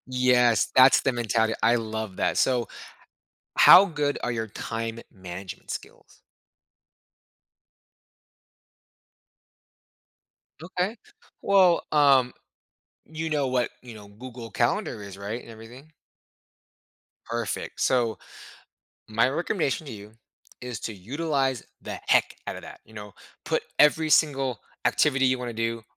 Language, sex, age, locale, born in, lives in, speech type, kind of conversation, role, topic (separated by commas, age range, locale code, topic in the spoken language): English, male, 30-34, en-US, United States, United States, conversation, advice, advisor, How can I balance long work hours and exhaustion so I can spend more quality time with my family?